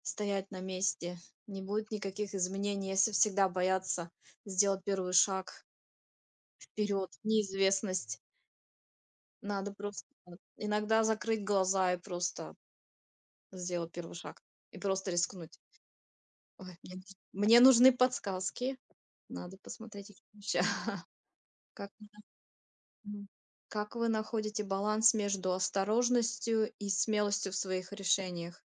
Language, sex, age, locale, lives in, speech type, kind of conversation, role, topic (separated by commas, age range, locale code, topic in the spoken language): Russian, female, 35-39, ru-RU, United States, conversation, unstructured, none, Что для вас важнее при достижении целей: стабильность или риск?